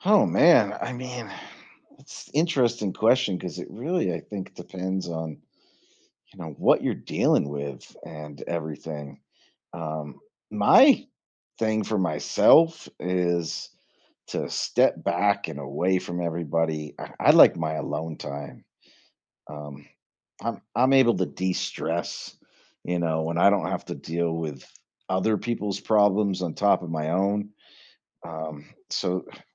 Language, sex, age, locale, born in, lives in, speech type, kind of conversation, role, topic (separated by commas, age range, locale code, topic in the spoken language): English, male, 45-49, en-US, United States, United States, conversation, podcast, guest, How can building daily habits help us handle stress more effectively?